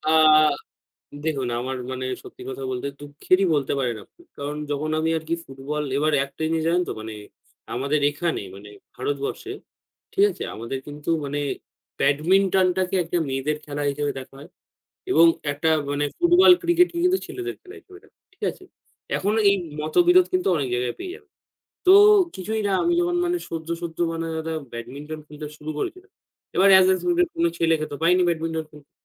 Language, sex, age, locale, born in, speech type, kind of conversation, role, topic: Bengali, male, 18-19, bn-IN, India, conversation, unstructured, none, তুমি কোন ধরনের খেলাধুলায় সবচেয়ে বেশি আনন্দ পাও?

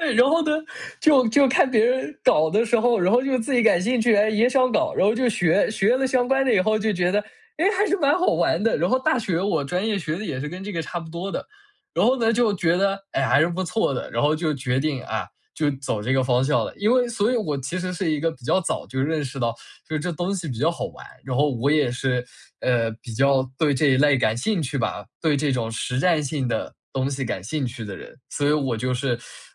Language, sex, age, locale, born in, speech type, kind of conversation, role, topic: Chinese, male, 20-24, zh-CN, China, conversation, podcast, guest, 转行时你学到的最重要的一课是什么？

